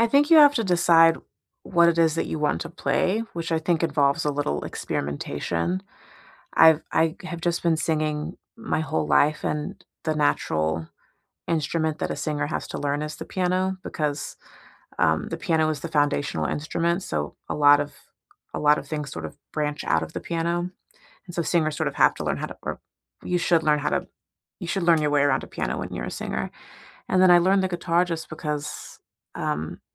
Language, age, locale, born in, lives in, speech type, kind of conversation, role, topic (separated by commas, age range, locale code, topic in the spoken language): English, 30-34, en-US, United States, United States, conversation, unstructured, none, How have you brought a childhood hobby back into your life, and what has it given you?